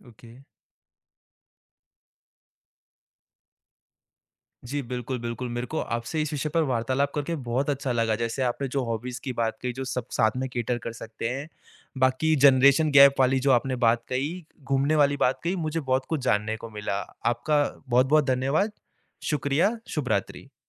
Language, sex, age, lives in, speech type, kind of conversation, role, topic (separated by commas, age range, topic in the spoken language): Hindi, male, 20-24, India, conversation, podcast, host, Family ke saath quality time bitaane ke liye sabse achha shauk kya ho sakta hai?